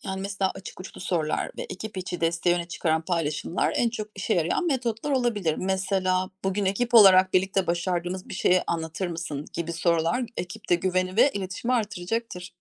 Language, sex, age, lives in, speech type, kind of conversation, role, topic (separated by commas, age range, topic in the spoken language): Turkish, female, 40-44, Germany, read, expert-assistant, expert, Canlı yayınlarda farklı görevleri olan herkesin uyumlu çalışmasını sağlamak için hangi yöntemler işe yarar?